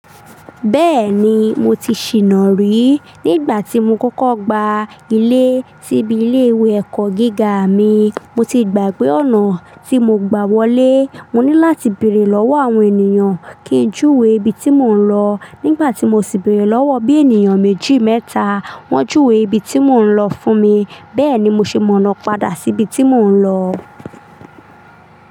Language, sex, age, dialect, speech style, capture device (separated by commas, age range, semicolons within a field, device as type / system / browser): Yoruba, female, 25-34, Nigeria - Lagos Yoruba, relaxed, desktop / Linux / Chrome